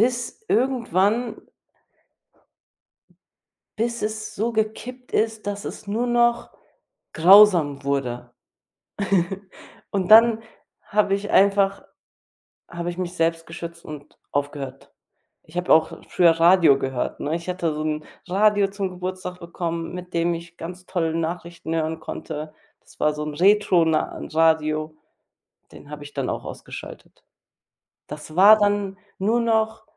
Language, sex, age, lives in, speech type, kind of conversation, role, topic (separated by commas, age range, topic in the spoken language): German, female, 30-34, Germany, conversation, unstructured, none, Wie informierst du dich täglich über das aktuelle Geschehen?